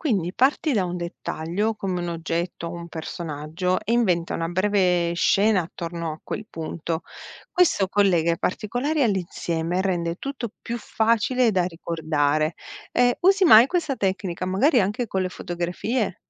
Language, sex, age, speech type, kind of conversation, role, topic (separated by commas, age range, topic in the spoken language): Italian, female, 45-49, read, expert-assistant, expert, Perché certe immagini restano impresse nella nostra memoria più di altre?